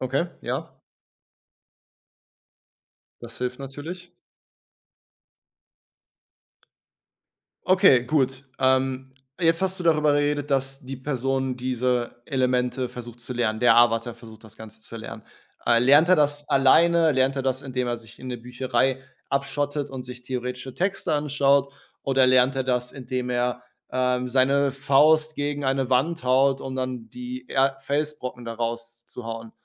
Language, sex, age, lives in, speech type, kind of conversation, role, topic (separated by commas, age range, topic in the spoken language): German, male, 30-34, Germany, conversation, podcast, host, Wie erlebst du Nostalgie, wenn du alte Serien oder Filme wieder anschaust?